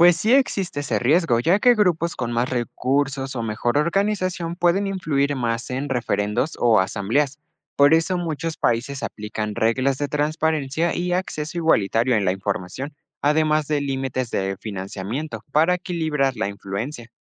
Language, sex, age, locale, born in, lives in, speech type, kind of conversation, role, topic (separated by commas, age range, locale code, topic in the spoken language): Spanish, male, 18-19, es-MX, Mexico, Mexico, read, expert-assistant, expert, Si quisieras entender cómo funcionan los gobiernos en distintos países, ¿qué pasos seguirías para comparar sus sistemas políticos?